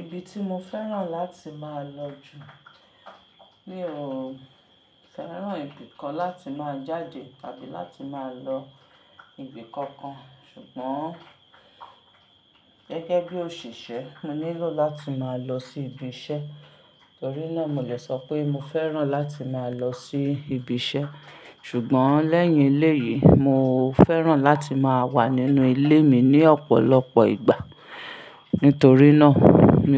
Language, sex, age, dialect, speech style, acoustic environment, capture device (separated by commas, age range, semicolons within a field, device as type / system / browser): Yoruba, female, 35-44, Nigeria - Ibadan, focused, silence, mobile / Linux / Chrome